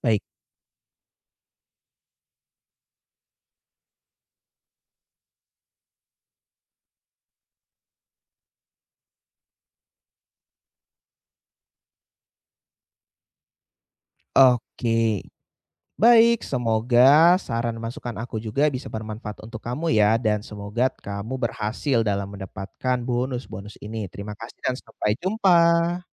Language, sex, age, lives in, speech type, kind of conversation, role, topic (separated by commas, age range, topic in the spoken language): Indonesian, male, 20-24, Indonesia, conversation, advice, advisor, Bagaimana cara menyeimbangkan waktu antara pekerjaan, keluarga, dan belajar saat jadwal padat?